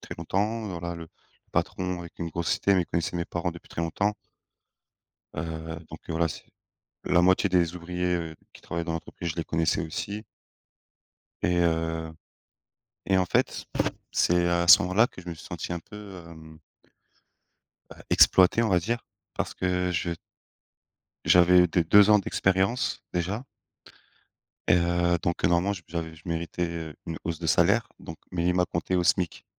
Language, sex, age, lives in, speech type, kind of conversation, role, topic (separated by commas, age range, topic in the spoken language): French, male, 30-34, France, conversation, unstructured, none, As-tu déjà eu l’impression d’être exploité dans ton travail ?